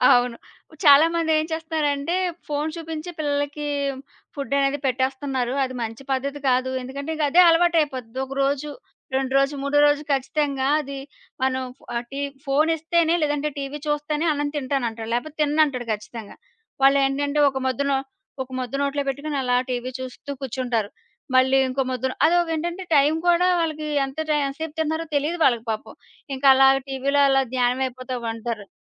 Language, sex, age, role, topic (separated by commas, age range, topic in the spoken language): Telugu, female, 30-34, guest, పిల్లలను పెంచడంలో మీరు పాటించే ప్రధాన సూత్రాలు ఏమిటి?